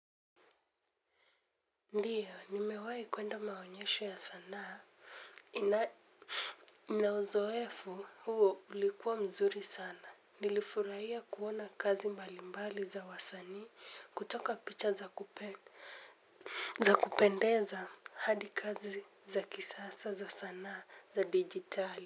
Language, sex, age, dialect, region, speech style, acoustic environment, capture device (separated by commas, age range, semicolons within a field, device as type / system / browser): Swahili, female, 18-24, Kenya - Mombasa, Kenya, focused, silence, mobile / Linux / Chrome